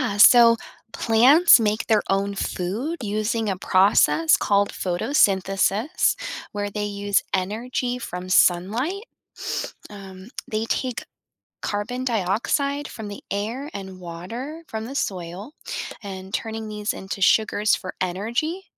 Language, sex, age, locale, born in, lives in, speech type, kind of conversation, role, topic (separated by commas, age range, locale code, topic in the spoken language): English, female, 35-39, en-US, United States, United States, read, expert-assistant, expert, How do plants make their own food?